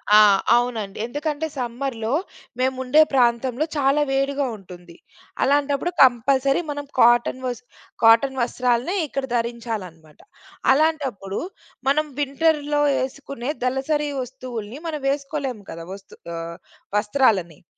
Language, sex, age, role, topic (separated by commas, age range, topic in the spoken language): Telugu, female, 35-39, guest, స్థలం తక్కువగా ఉన్నా వస్తువులను సులభంగా నిల్వచేసేందుకు మీరు ఏ పద్ధతులు పాటిస్తారు?